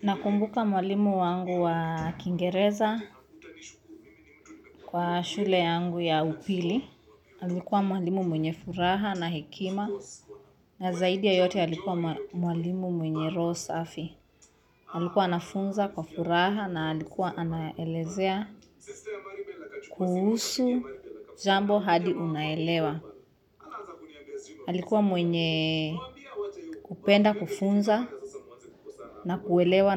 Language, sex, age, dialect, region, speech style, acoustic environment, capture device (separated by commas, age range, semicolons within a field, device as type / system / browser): Swahili, female, 25-34, Kenya - Nairobi Sheng-influenced, Kenya, nervous; happy; focused, music; nature; appliances, mobile / Linux / Chrome